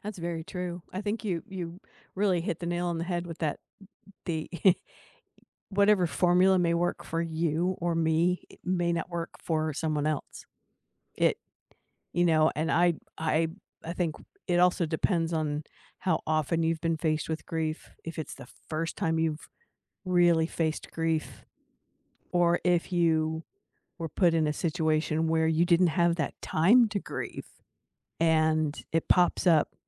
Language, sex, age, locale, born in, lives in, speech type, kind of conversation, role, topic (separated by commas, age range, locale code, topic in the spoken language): English, female, 60-64, en-US, United States, United States, conversation, unstructured, none, What do you think people misunderstand most about grief?